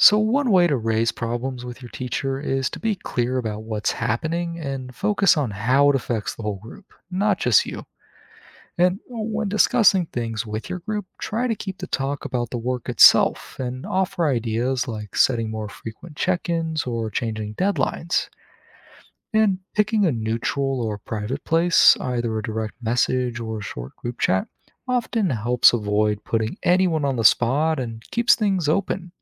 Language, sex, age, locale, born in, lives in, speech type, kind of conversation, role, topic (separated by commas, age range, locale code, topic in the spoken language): English, male, 20-24, en-US, United States, United States, read, expert-assistant, expert, What are the benefits and challenges of remote learning for students?